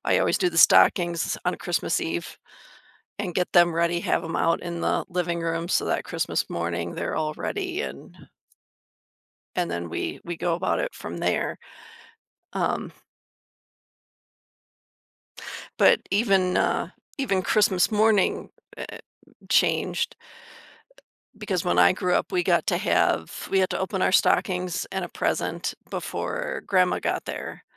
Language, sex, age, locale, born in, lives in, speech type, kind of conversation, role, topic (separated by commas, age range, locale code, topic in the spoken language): English, female, 50-54, en-US, United States, United States, conversation, advice, user, How can I document family holiday traditions for younger relatives?